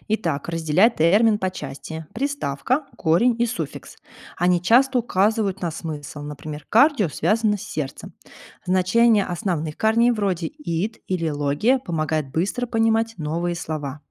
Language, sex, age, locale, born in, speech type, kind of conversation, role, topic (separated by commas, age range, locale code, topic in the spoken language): Russian, female, 30-34, ru-RU, Russia, read, expert-assistant, expert, С чего начать, если хочется лучше разбираться в вопросах общественного здравоохранения?